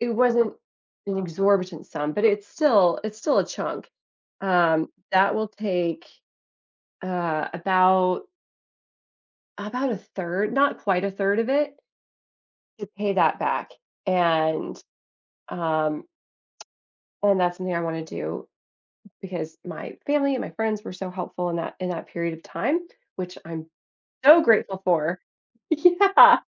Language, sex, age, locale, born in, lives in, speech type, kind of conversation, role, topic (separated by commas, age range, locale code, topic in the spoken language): English, female, 35-39, en-US, United States, United States, conversation, advice, user, How can I build a simple budget and emergency fund to handle unexpected expenses?